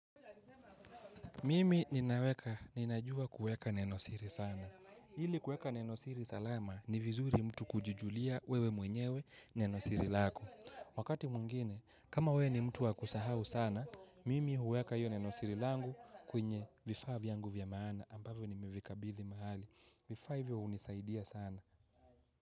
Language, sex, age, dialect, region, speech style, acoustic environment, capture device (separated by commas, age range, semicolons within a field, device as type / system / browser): Swahili, male, 18-24, Kenya - Mombasa, Kenya, relaxed; happy; focused, people talking; nature, mobile / Linux / Chrome